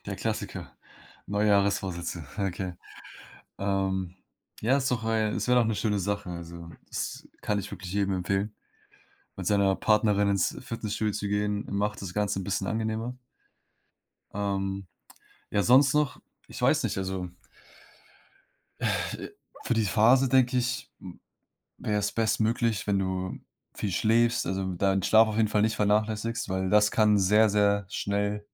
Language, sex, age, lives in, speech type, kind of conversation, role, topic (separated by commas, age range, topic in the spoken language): German, male, 20-24, Germany, conversation, advice, advisor, Wie gehst du mit deiner Angst vor einem Burnout durch lange Arbeitszeiten um?